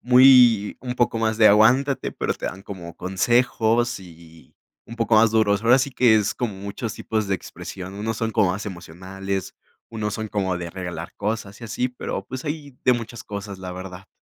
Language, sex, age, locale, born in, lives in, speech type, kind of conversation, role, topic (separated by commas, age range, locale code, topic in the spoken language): Spanish, male, 20-24, es-MX, Mexico, Mexico, conversation, podcast, guest, ¿Cómo expresan cariño y apoyo en casa?